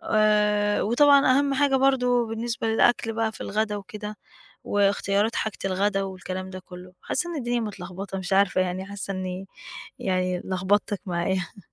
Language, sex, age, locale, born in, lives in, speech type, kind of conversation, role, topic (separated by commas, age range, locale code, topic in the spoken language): Arabic, female, 40-44, ar-EG, Egypt, Portugal, conversation, advice, user, إزاي روتينك اليومي بيخلي أفكارك واقفة ومكررة؟